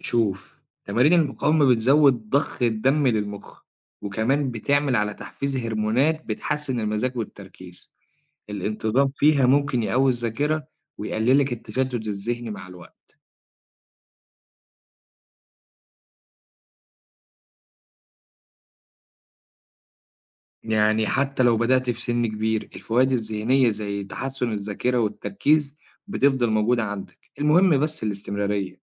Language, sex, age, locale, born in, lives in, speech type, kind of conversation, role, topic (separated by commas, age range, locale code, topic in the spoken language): Arabic, male, 25-29, ar-EG, Egypt, Egypt, read, expert-assistant, expert, هل فعلاً تمارين المقاومة تستاهل الوقت والمجهود اللي بنبذله فيها مقارنة برياضة تانية زي المشي أو الجري من ناحية صحة الجسم والمخ؟